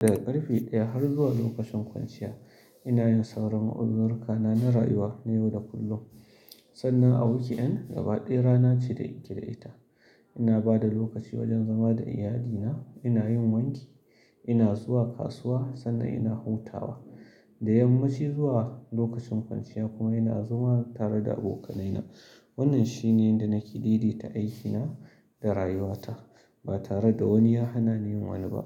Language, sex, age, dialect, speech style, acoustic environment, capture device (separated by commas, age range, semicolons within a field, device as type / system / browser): Hausa, male, 35-44, Nigeria - Kano, relaxed, silence, mobile / Linux / Chrome